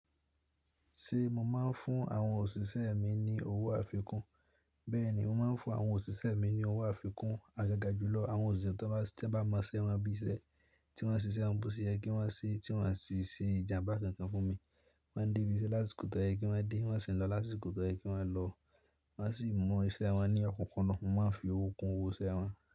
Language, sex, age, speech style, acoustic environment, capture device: Yoruba, male, 18-24, stressed, silence, mobile / Linux / Chrome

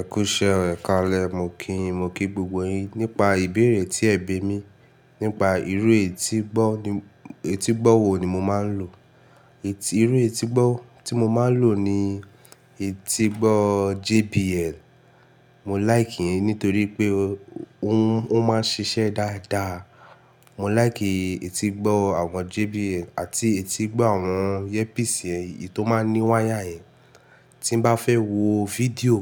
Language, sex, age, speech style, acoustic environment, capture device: Yoruba, male, 18-24, happy, nature, mobile / Linux / Chrome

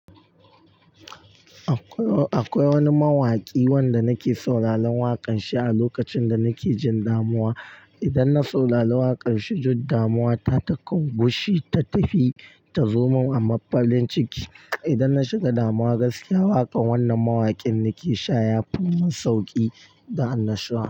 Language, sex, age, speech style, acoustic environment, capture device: Hausa, male, 18-24, focused; tired, silence, mobile / Linux / Chrome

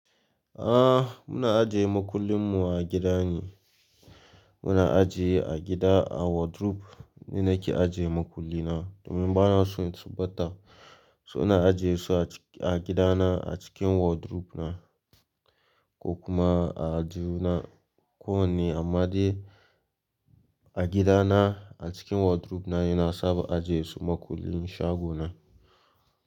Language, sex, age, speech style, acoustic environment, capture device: Hausa, male, 18-24, relaxed; focused, silence, mobile / Linux / Chrome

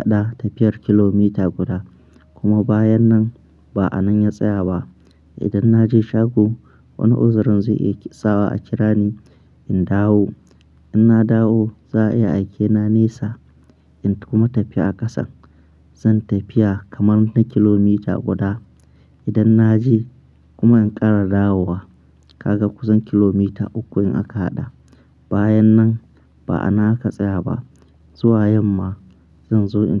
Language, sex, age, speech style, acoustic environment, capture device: Hausa, male, 25-34, happy, nature, mobile / Linux / Chrome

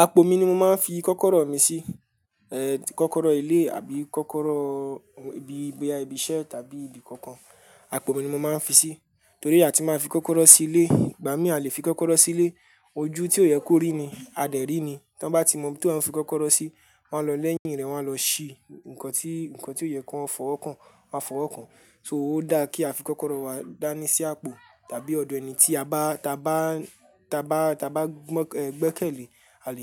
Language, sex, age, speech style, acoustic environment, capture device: Yoruba, male, 18-24, happy, silence, mobile / Linux / Chrome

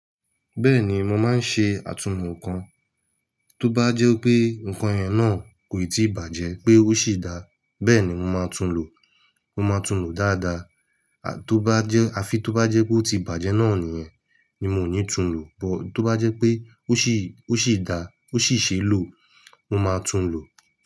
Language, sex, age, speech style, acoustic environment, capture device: Yoruba, male, 25-34, happy, silence, mobile / Linux / Chrome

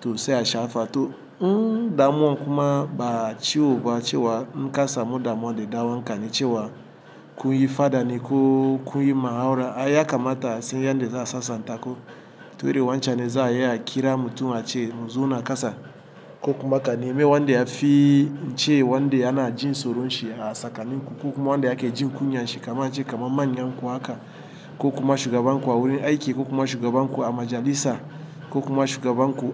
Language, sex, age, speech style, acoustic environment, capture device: Hausa, male, 35-44, happy; relaxed, traffic; appliances, mobile / Linux / Chrome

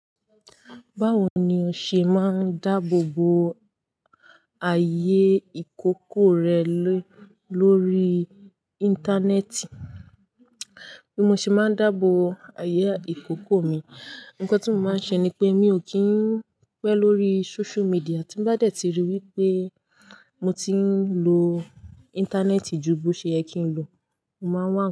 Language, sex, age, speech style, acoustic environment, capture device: Yoruba, female, 18-24, happy, people talking, desktop / Linux / Chrome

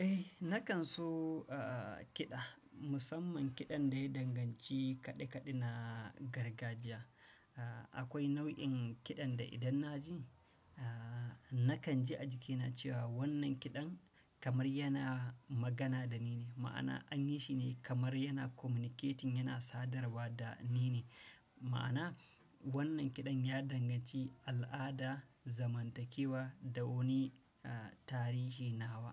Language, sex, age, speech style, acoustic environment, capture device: Hausa, male, 35-44, anxious; tired; relaxed; happy; nervous; excited; focused, silence; nature; appliances; people talking, desktop / Windows / Chrome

